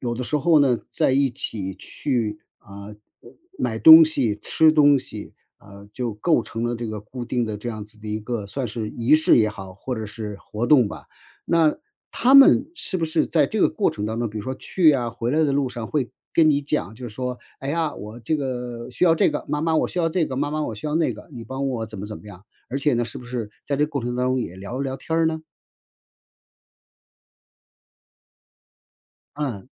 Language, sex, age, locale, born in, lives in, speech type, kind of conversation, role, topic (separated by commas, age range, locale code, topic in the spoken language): Chinese, male, 55-59, zh-CN, China, United States, conversation, podcast, host, 周末你们家有固定的家庭活动吗？